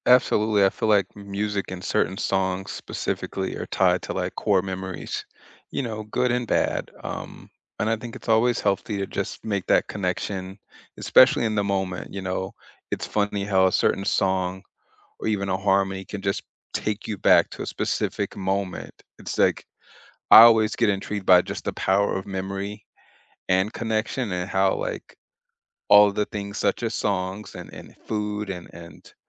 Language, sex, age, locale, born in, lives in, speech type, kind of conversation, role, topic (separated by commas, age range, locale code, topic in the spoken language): English, male, 40-44, en-US, United States, United States, conversation, unstructured, none, What simple rituals help you honor memories and keep meaningful connections alive?